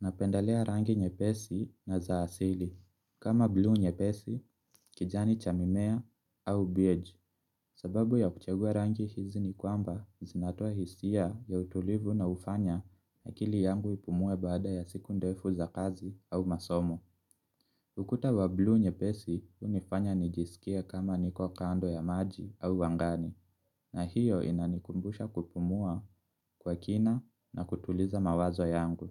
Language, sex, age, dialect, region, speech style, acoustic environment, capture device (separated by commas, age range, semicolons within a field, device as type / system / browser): Swahili, male, 25-34, Kenya - Nairobi Sheng-influenced, Kenya, relaxed, silence, mobile / Linux / Chrome